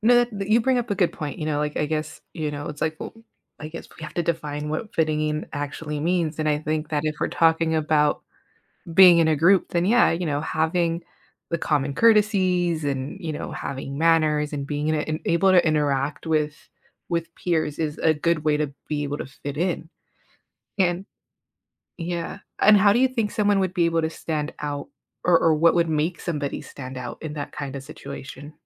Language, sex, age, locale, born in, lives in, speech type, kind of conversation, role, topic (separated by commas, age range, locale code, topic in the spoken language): English, female, 35-39, en-US, United States, United States, conversation, podcast, host, Why do we seek both acceptance and individuality in our lives?